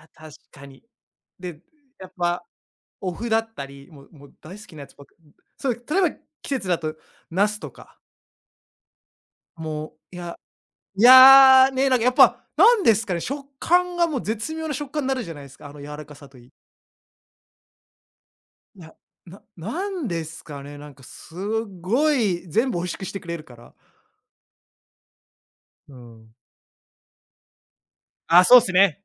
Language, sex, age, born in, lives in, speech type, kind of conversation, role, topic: Japanese, male, 35-39, Japan, Japan, conversation, unstructured, none, 好きな伝統料理は何ですか？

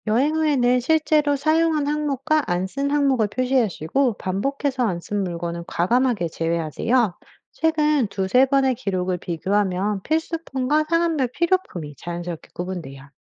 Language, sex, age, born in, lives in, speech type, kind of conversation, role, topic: Korean, female, 35-39, United States, United States, read, expert-assistant, expert, 여행 계획을 더 효율적으로 세우려면 어떤 습관이나 방법이 도움이 될까요?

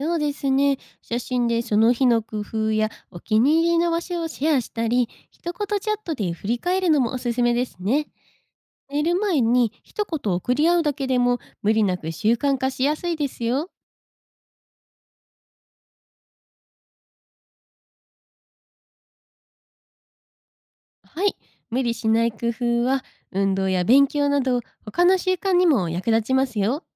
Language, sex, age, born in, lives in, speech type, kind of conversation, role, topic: Japanese, female, 25-29, Japan, Japan, read, expert-assistant, expert, 長く続けられるスピリチュアルな習慣を作るには、どんなコツや仕組みがありますか？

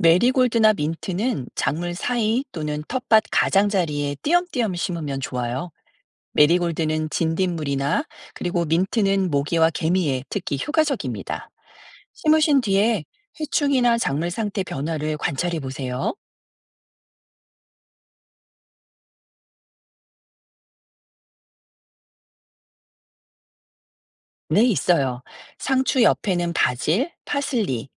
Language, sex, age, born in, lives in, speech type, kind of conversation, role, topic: Korean, female, 50-54, South Korea, United States, read, expert-assistant, expert, 농사 경험이 없는 사람이 작물 재배에 도전할 때, 꼭 알아야 할 핵심 포인트는 무엇인가요?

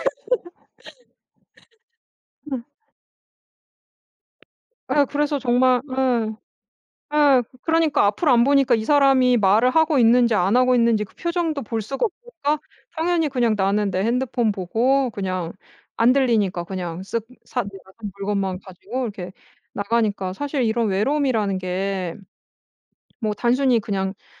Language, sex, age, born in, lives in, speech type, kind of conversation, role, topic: Korean, female, 35-39, South Korea, France, conversation, podcast, guest, 요즘 사람들이 왜 그렇게 외로움을 느낀다고 생각하시나요?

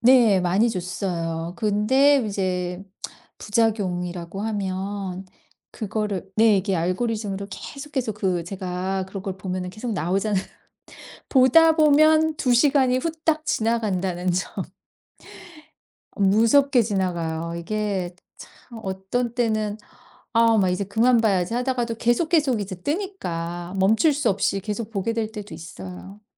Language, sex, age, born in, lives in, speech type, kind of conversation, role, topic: Korean, female, 50-54, South Korea, United States, conversation, podcast, guest, 소셜 미디어가 당신의 취미 생활에 어떤 영향을 주었나요?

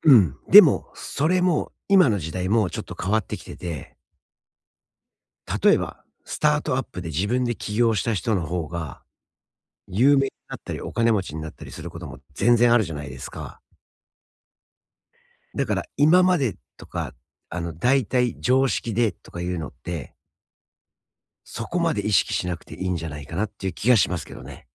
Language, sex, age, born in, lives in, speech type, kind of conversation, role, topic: Japanese, male, 45-49, Japan, United States, conversation, advice, advisor, 他人と比べて自分の価値が揺れてつらいとき、どうすれば楽になれますか？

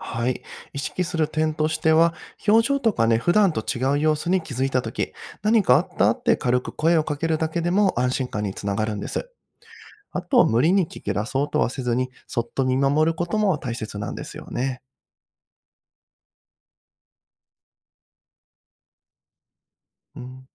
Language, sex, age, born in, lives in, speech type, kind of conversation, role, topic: Japanese, male, 30-34, Japan, Japan, read, expert-assistant, expert, 自分に合うパートナーを選ぶには、どんな基準が大事だと思いますか？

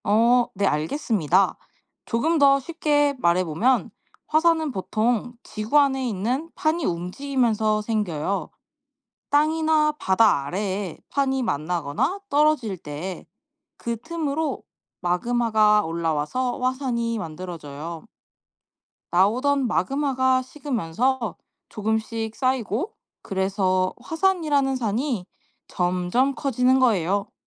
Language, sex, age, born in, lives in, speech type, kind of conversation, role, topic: Korean, female, 20-24, South Korea, Japan, read, expert-assistant, expert, 화산은 어떻게 만들어질까요?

